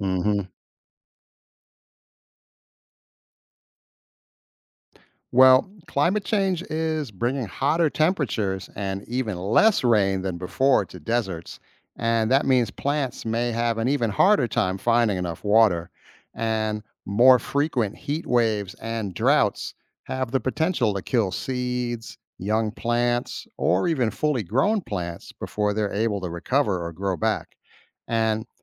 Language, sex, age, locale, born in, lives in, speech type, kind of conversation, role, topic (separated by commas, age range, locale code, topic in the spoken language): English, male, 50-54, en-US, United States, United States, read, expert-assistant, expert, How do plants adapt to living in deserts?